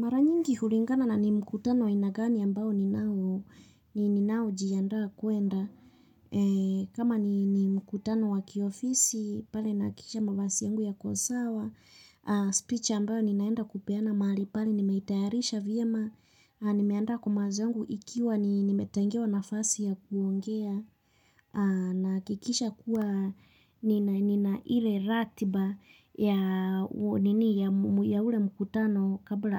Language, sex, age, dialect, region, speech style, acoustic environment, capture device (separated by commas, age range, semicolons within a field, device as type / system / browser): Swahili, female, 25-34, Kenya - Nairobi Sheng-influenced, Kenya, focused, nature, mobile / Linux / Chrome